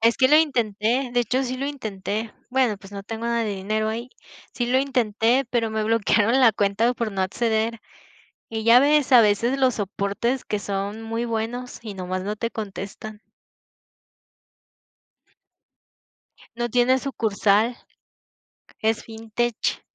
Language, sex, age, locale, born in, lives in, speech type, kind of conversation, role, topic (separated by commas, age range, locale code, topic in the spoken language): Spanish, female, 20-24, es-MX, Mexico, Mexico, conversation, unstructured, none, ¿Cómo decides en qué gastar tu dinero cada mes?